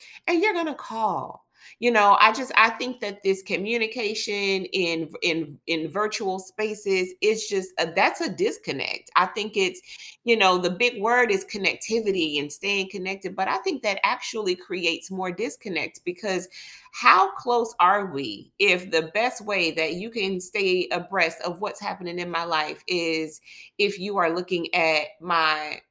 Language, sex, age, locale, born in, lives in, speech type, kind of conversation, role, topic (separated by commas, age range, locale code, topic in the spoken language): English, female, 45-49, en-US, United States, United States, conversation, podcast, host, How has social media changed the way we connect with others in our daily lives?